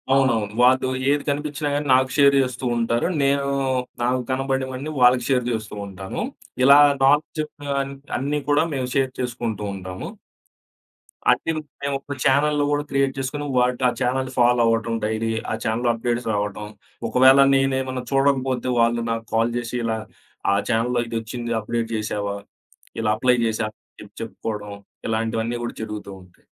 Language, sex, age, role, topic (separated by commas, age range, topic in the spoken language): Telugu, male, 25-29, guest, ఒక అంశంపై సరళమైన చిన్న కోర్సును ఎలా ప్రణాళిక చేయాలి?